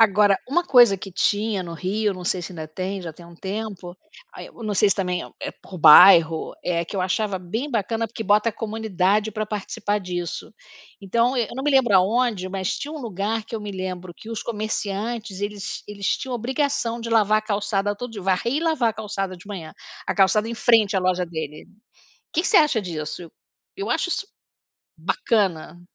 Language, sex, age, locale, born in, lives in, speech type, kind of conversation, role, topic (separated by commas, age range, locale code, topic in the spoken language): Portuguese, female, 60-64, pt-BR, Brazil, United States, conversation, unstructured, none, O que te deixa feliz ao ver uma cidade bem cuidada?